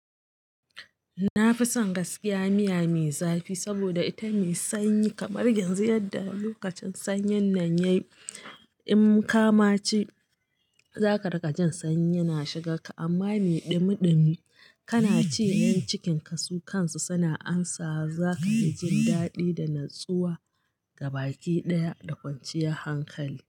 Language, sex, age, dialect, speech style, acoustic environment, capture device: Hausa, female, 35-44, Nigeria - Katsina, happy, construction; nature; silence, mobile / Linux / Chrome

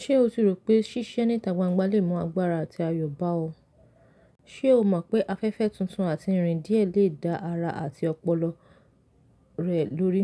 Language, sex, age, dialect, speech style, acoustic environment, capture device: Yoruba, female, 25-34, Nigeria - Lagos Yoruba, relaxed, silence; nature, mobile / Linux / Chrome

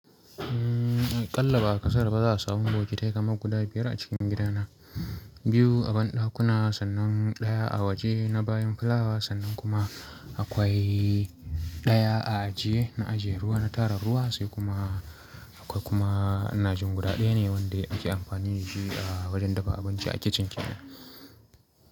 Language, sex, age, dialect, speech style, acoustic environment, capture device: Hausa, male, 25-34, Nigeria - Kano, relaxed, silence, mobile / Linux / Chrome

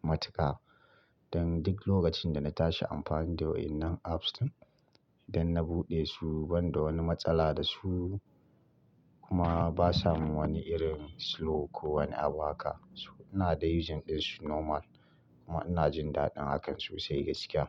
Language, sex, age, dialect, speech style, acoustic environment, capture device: Hausa, male, 25-34, Nigeria - Kano, relaxed, silence, mobile / Linux / Chrome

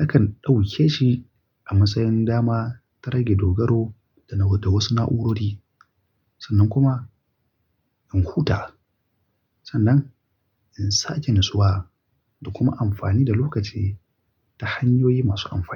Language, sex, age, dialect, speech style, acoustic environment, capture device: Hausa, male, 25-34, Nigeria - Kano, relaxed; focused, nature, mobile / Linux / Chrome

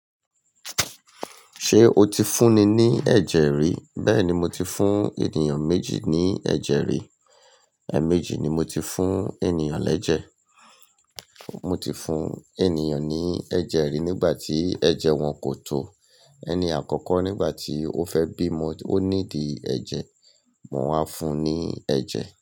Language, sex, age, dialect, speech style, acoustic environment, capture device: Yoruba, male, 45-54, Nigeria - Lagos Yoruba, happy; nervous; excited, people talking, mobile / Linux / Chrome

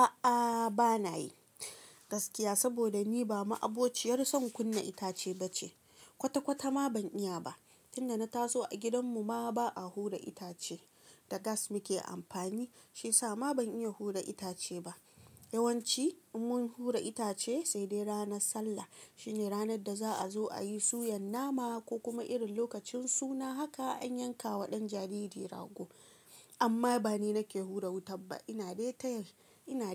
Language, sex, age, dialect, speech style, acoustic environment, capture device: Hausa, female, 25-34, Nigeria - Kano, relaxed; focused, nature; silence, mobile / Linux / Chrome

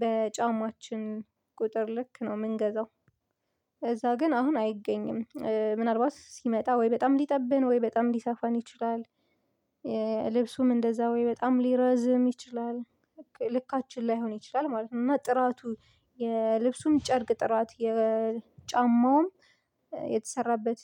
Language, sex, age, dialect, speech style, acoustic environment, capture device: Amharic, female, 25-34, Ethiopia - Addis Ababa, focused, silence; appliances, mobile / Linux / Chrome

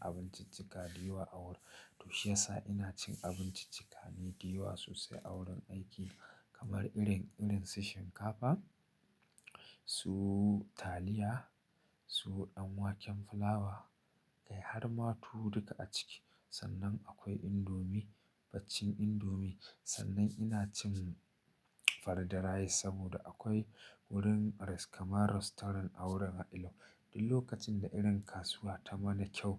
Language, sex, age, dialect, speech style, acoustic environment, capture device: Hausa, male, 25-34, Nigeria - Nigerian Standard, focused, silence, mobile / Linux / Chrome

